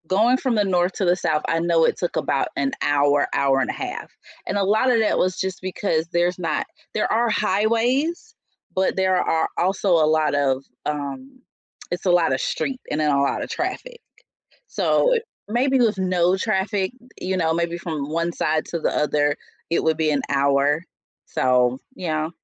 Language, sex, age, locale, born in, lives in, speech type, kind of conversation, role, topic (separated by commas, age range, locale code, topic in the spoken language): English, female, 45-49, en-US, United States, United States, conversation, unstructured, none, What natural wonder took your breath away?